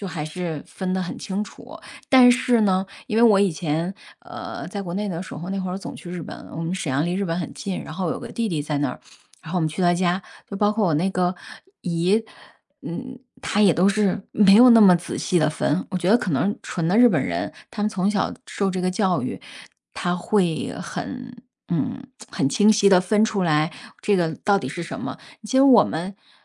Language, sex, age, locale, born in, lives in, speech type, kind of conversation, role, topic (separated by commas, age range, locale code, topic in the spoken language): Chinese, female, 40-44, zh-CN, China, United States, conversation, unstructured, none, 你觉得为什么保护环境很重要？